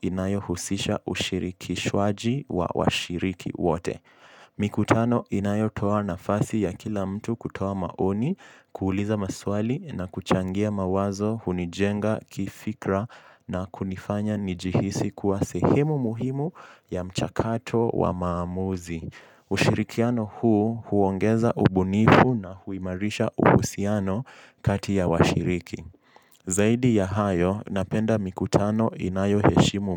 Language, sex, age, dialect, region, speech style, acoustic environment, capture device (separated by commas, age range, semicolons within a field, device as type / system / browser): Swahili, male, 18-24, Kenya - Mombasa, Kenya, focused, silence, mobile / Linux / Chrome